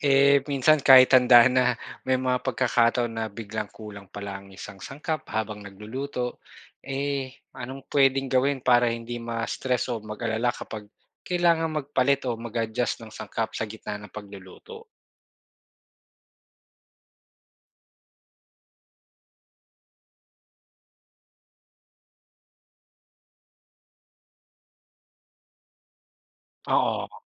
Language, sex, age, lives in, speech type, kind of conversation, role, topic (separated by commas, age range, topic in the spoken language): Filipino, male, 40-44, Philippines, read, expert-assistant, asker, Paano matutong magluto ng iba't ibang ulam kahit walang pormal na training?